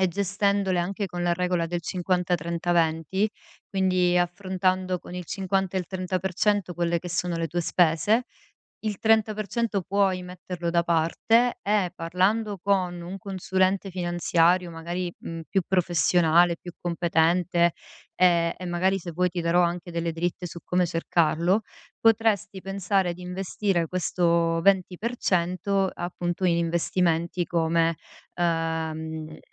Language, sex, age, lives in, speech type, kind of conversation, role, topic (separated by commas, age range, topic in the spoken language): Italian, female, 35-39, Italy, conversation, advice, advisor, Come posso affrontare l’ansia legata all’incertezza finanziaria mentre cerco di risparmiare?